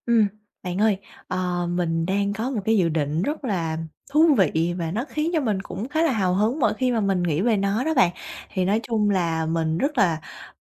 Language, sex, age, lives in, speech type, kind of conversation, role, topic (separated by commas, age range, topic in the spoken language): Vietnamese, female, 25-29, Vietnam, conversation, advice, user, Làm sao để vượt qua nỗi sợ và bắt đầu một điều mới?